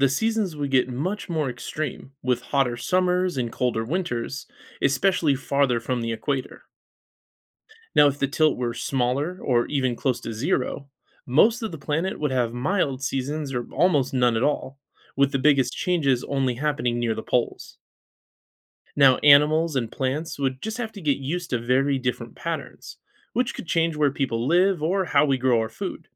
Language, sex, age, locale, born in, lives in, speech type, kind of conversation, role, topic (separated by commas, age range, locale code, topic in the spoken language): English, male, 35-39, en-US, United States, United States, read, expert-assistant, expert, What causes seasons to change throughout the year?